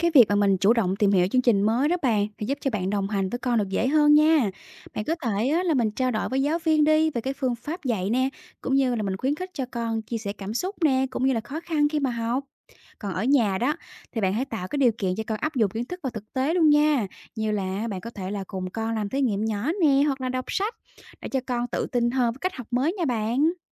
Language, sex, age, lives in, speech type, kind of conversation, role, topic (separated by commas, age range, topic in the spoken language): Vietnamese, female, 30-34, Vietnam, read, expert-assistant, expert, Phụ huynh nên làm gì để hỗ trợ con học hiệu quả khi áp dụng chương trình mới?